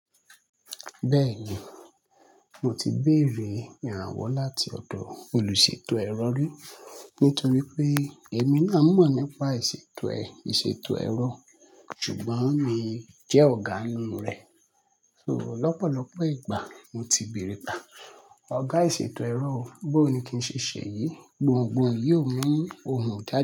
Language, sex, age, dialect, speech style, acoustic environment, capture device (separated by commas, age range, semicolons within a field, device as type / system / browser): Yoruba, male, 25-34, Nigeria - Lagos Yoruba, relaxed, nature, mobile / Linux / Chrome